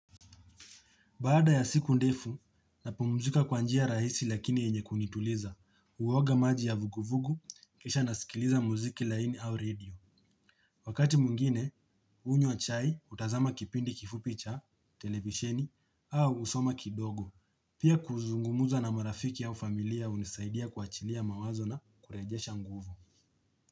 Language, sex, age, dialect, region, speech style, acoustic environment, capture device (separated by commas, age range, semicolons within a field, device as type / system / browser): Swahili, male, 25-34, Kenya - Nairobi Sheng-influenced, Kenya, relaxed; happy; focused, silence; nature, mobile / Linux / Chrome